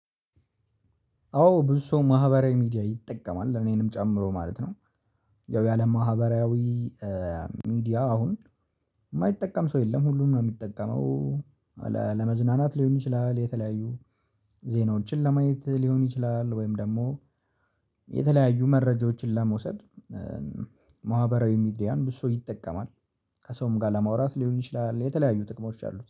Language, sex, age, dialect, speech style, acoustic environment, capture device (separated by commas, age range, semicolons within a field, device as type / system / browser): Amharic, male, 18-24, Ethiopia - Addis Ababa, happy, silence, mobile / Linux / Chrome